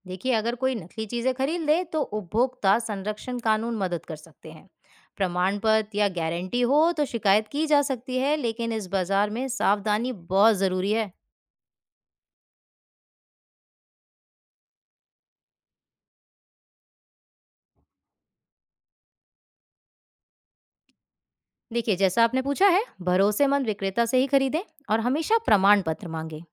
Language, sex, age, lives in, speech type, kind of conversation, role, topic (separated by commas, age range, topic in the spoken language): Hindi, female, 20-24, India, read, expert-assistant, expert, संग्रह में 'पुरानी चीज़' और 'एंटीक' में क्या फर्क होता है?